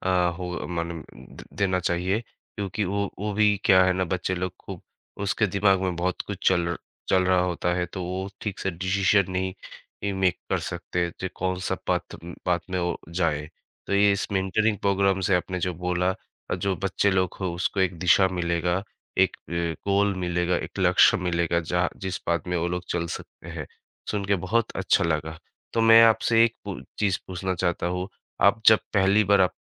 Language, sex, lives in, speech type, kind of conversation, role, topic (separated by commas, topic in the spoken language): Hindi, male, India, conversation, podcast, host, आपके करियर में किसी मेंटर ने कैसे फर्क डाला?